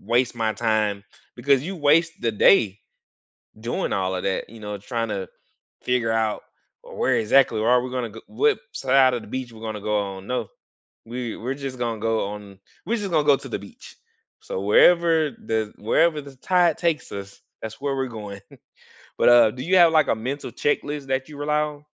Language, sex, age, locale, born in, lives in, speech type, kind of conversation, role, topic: English, male, 35-39, en-US, United States, United States, conversation, unstructured, none, How do you keep spontaneous day trips fun and flexible without overthinking the logistics?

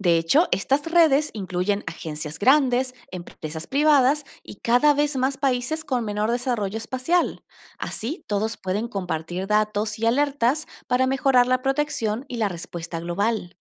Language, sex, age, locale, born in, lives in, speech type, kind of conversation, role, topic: Spanish, female, 30-34, es-AR, Argentina, Netherlands, read, expert-assistant, expert, ¿Qué soluciones existen para proteger a los satélites de los efectos dañinos de las tormentas solares?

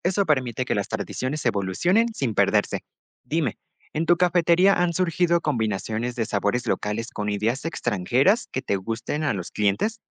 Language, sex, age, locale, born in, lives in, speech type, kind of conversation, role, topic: Spanish, male, 18-19, es-MX, Mexico, Mexico, read, expert-assistant, expert, ¿Qué efectos positivos y negativos tiene la globalización en las expresiones culturales de nuestro país?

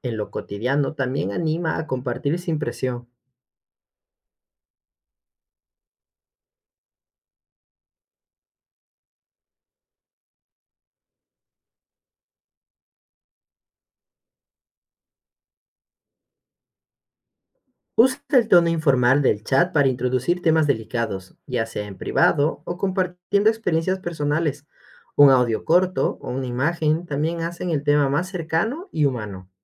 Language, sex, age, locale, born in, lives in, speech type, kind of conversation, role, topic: Spanish, male, 25-29, es-EC, Ecuador, Spain, read, expert-assistant, expert, ¿De qué manera poco convencional podríamos abordar los conflictos familiares para evitar los mismos malentendidos de siempre?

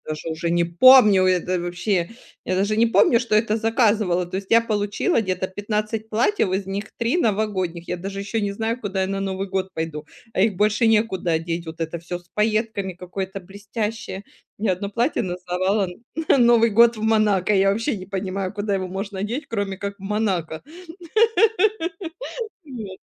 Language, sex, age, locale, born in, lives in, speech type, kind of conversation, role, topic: Russian, female, 40-44, ru-RU, Ukraine, Spain, conversation, advice, user, Как сбалансировать текущие расходы и долгосрочные сбережения?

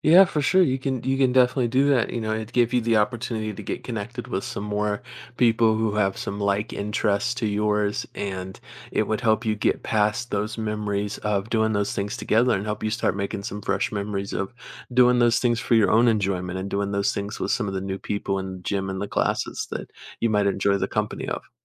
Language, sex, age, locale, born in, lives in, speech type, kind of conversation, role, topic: English, male, 20-24, en-US, United States, United States, conversation, advice, advisor, How do I rebuild my routine and start healing after a breakup?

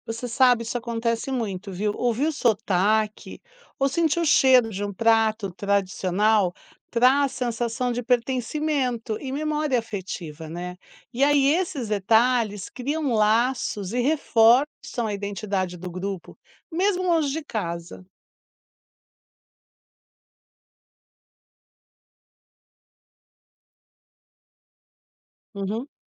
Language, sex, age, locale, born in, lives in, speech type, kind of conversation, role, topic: Portuguese, female, 55-59, pt-BR, Brazil, United States, read, expert-assistant, expert, Dá pra fazer uma analogia entre sotaques regionais e sabores de comida? Como cada um reflete a cultura local?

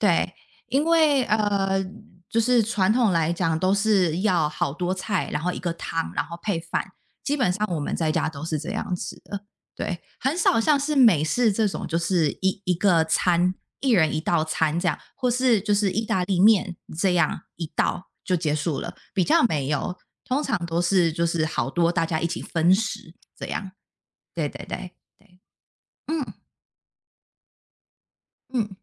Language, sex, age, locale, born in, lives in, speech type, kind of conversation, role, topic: Chinese, female, 30-34, zh-TW, Taiwan, United States, conversation, unstructured, none, 你最难忘的一道家常菜是什么？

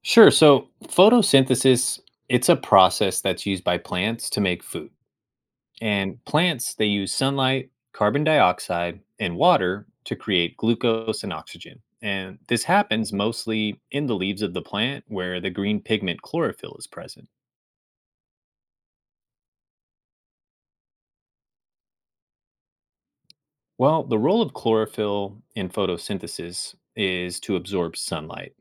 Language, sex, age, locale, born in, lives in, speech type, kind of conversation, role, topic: English, male, 35-39, en-US, United States, United States, read, expert-assistant, expert, Can you explain the process of photosynthesis in plants?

